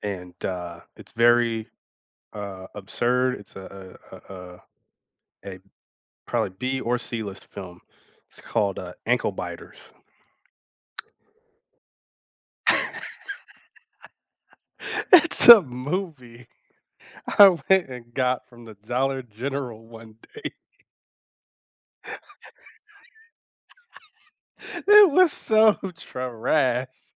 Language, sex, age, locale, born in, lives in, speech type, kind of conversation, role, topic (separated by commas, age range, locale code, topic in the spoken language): English, male, 35-39, en-US, United States, United States, conversation, unstructured, none, Which overlooked comedies are your cozy weekend comfort watches, and what makes them perfect for you?